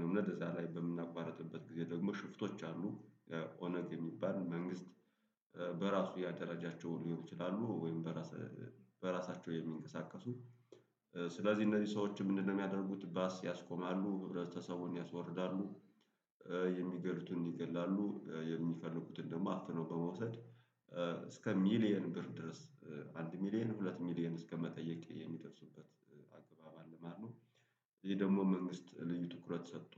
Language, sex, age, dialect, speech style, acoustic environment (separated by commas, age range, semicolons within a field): Amharic, male, 35-44, Ethiopia - Gojjam, happy, nature